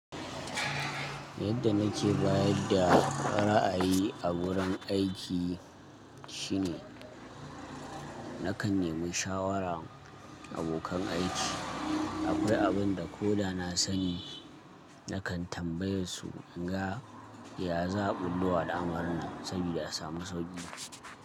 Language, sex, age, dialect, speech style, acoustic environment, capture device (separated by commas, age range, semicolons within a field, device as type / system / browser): Hausa, male, 25-34, Nigeria - Kano, happy, silence, mobile / Linux / Chrome